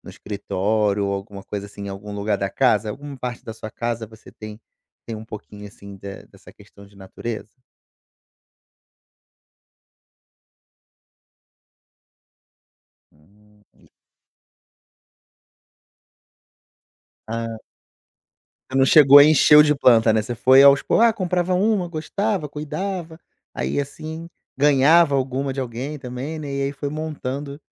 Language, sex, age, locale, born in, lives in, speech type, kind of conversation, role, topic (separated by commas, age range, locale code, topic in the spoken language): Portuguese, male, 35-39, pt-BR, Brazil, Portugal, conversation, podcast, host, Que exercício simples você faria para focar na natureza agora?